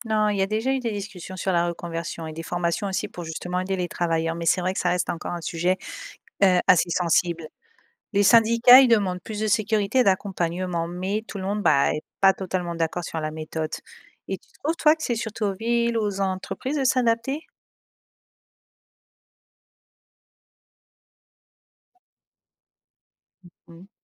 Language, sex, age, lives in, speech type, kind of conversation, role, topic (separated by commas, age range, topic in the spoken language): French, female, 35-39, Spain, read, expert-assistant, expert, Imagine que la Terre devienne soudainement plus chaude de 3°C : comment réagirions-nous dans nos vies de tous les jours ?